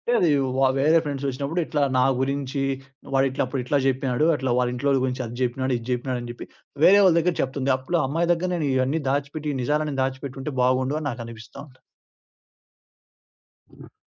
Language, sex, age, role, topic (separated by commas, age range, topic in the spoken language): Telugu, male, 20-24, guest, నిజం చెప్పడం వల్ల సంబంధాలు దెబ్బతింటే, మీరు దాన్ని ఎలా చూస్తారు?